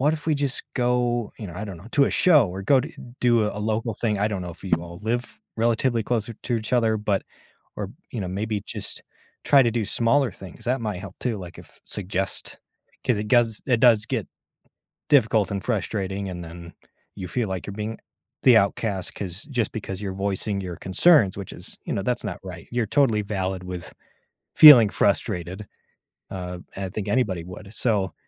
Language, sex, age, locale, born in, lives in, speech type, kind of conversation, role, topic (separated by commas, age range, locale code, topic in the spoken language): English, male, 30-34, en-US, United States, United States, conversation, advice, advisor, How should I respond to broken promises?